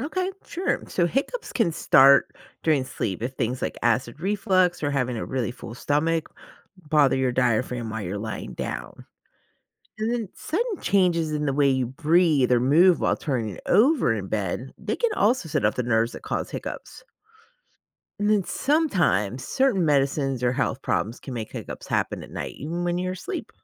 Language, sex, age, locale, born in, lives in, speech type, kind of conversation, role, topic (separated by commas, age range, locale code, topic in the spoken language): English, female, 40-44, en-US, United States, United States, read, expert-assistant, expert, What causes hiccups in humans?